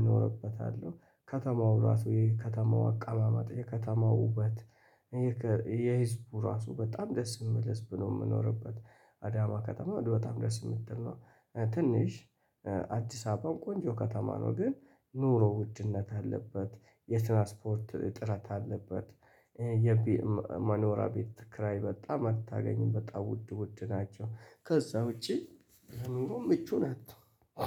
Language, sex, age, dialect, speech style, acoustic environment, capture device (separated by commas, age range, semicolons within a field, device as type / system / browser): Amharic, male, 25-34, Ethiopia - Addis Ababa, happy; excited; relaxed, people talking; nature, mobile / Linux / Chrome